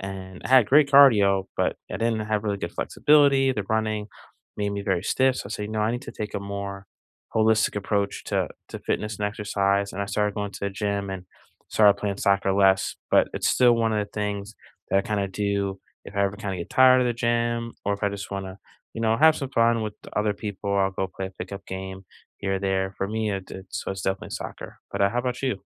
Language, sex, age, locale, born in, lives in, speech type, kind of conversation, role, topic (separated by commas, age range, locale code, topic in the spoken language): English, male, 40-44, en-US, United States, United States, conversation, unstructured, none, What are some easy, enjoyable ways you stay active outside the gym, and what helps you stick with them?